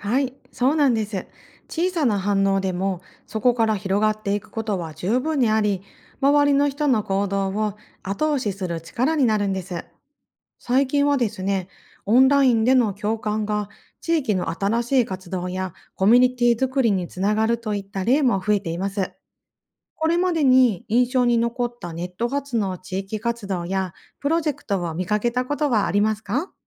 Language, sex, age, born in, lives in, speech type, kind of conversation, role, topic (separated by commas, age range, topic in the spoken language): Japanese, female, 40-44, Japan, Japan, read, expert-assistant, expert, 他の国の人たちはどうやって政治に関わっているの？日常でできることがあれば教えて。